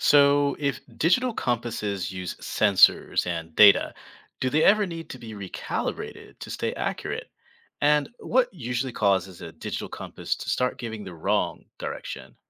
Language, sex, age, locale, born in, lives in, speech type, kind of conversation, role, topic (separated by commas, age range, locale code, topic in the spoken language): English, male, 45-49, en-US, United States, United States, read, expert-assistant, asker, How does a compass work?